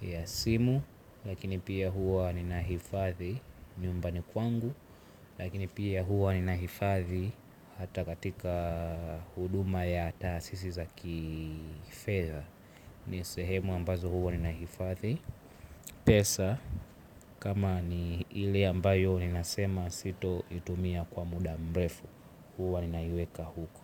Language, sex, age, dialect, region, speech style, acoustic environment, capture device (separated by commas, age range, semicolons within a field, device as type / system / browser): Swahili, male, 18-24, Tanzania - Dar es Salaam, Tanzania, relaxed, silence, mobile / Linux / Chrome